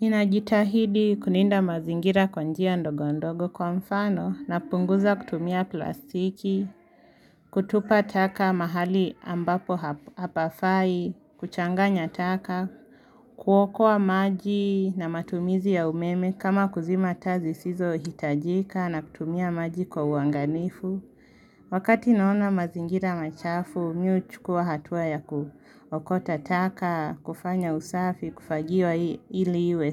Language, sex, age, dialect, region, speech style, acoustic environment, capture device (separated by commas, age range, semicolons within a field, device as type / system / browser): Swahili, female, 18-24, Kenya - Nairobi Sheng-influenced, Kenya, focused, appliances; silence, mobile / Linux / Chrome